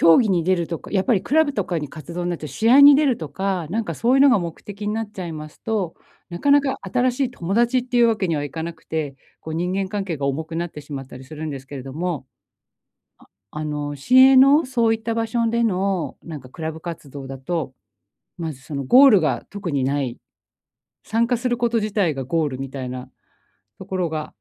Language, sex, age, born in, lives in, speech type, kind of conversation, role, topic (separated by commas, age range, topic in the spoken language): Japanese, female, 45-49, Japan, Japan, conversation, advice, advisor, 大人になってから自然に新しい友達を作るには、どうしたらいいですか？